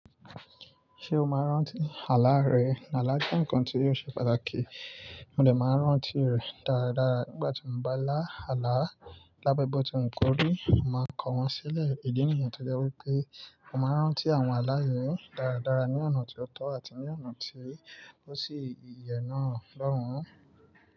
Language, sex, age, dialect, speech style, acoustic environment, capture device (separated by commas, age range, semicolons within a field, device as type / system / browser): Yoruba, male, 25-34, Nigeria - Lagos Yoruba, relaxed, nature, mobile / Linux / Chrome